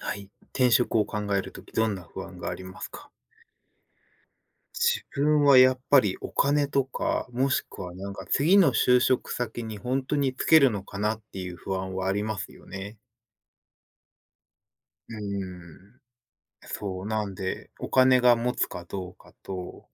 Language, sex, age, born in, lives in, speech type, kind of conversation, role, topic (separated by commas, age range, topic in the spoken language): Japanese, male, 30-34, Japan, United States, conversation, unstructured, none, 転職を考えるとき、どんな不安がありますか？